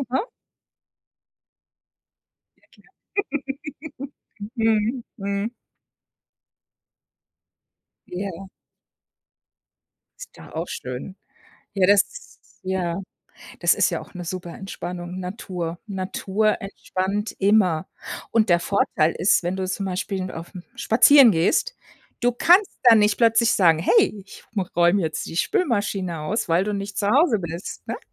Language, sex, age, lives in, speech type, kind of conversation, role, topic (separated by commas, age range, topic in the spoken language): German, female, 55-59, United States, conversation, advice, advisor, Wie kann ich mein negatives Selbstgespräch im Alltag stoppen?